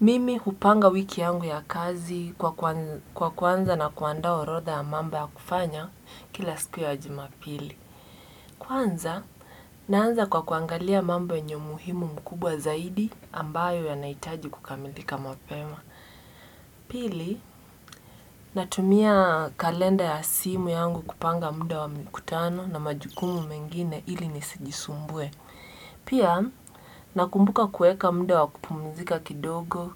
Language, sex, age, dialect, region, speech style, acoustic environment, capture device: Swahili, female, 18-24, Kenya - Mombasa, Kenya, relaxed; focused; excited; happy, nature, desktop / Windows / Edge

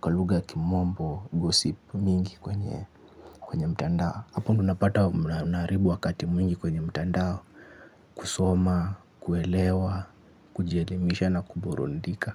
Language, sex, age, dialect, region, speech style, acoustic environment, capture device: Swahili, male, 25-34, Kenya - Mombasa, Kenya, focused, silence, mobile / Linux / Chrome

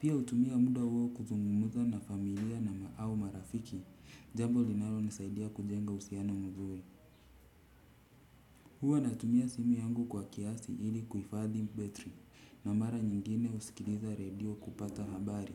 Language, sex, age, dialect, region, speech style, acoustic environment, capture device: Swahili, male, 35-44, Kenya - Mombasa, Kenya, relaxed, silence, desktop / Windows / Chrome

